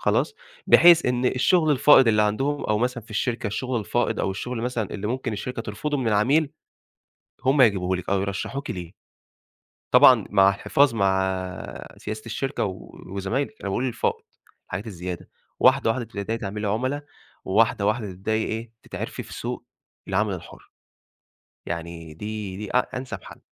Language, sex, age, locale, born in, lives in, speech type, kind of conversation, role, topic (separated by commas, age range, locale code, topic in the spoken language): Arabic, male, 25-29, ar-EG, Egypt, Egypt, conversation, advice, advisor, إزاي أختار بين إني أكمّل في شغلانة مستقرة ولا أبدأ شغل حر؟